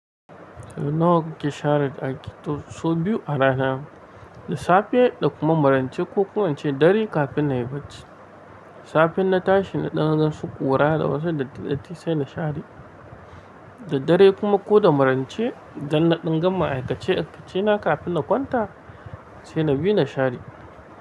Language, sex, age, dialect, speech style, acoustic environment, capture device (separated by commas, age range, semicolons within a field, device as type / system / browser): Hausa, male, 25-34, Nigeria - Nigerian Standard, anxious; happy; excited; focused, silence, mobile / Linux / Chrome